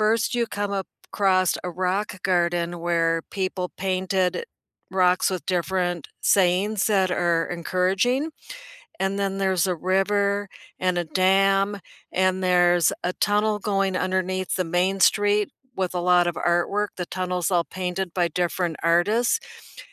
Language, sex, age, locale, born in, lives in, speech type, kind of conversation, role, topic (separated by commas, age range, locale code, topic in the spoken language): English, female, 65-69, en-US, United States, United States, conversation, unstructured, none, Which hidden local spots are you willing to share, and which should stay secret?